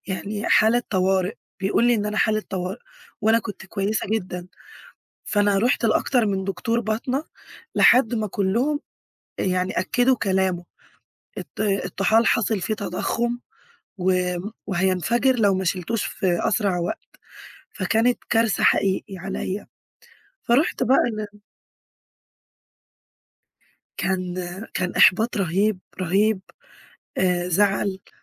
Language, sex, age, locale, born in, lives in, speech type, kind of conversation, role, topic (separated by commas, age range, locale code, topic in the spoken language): Arabic, female, 20-24, ar-EG, Egypt, Greece, conversation, advice, user, إيه اللي مِصعّب عليك تحطّ الفحوصات الطبية والمواعيد الروتينية في جدولك؟